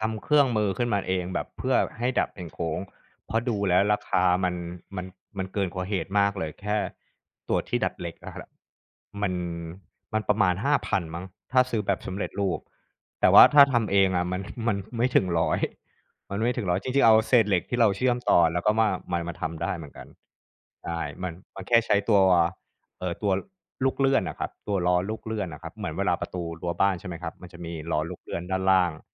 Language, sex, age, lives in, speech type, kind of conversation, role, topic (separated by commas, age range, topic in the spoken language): Thai, male, 35-39, Thailand, conversation, podcast, guest, คุณตั้งเป้าหมายเพื่อพัฒนาความคิดสร้างสรรค์ของคุณอย่างไร?